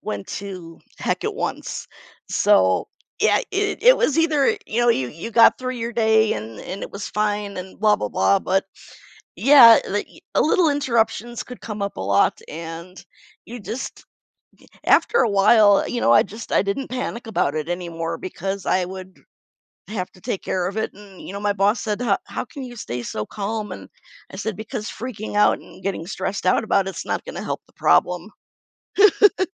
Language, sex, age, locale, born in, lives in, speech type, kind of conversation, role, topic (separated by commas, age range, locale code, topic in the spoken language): English, female, 45-49, en-US, United States, United States, conversation, podcast, guest, What are some simple ways to make self-care a regular part of your routine, even when life gets hectic?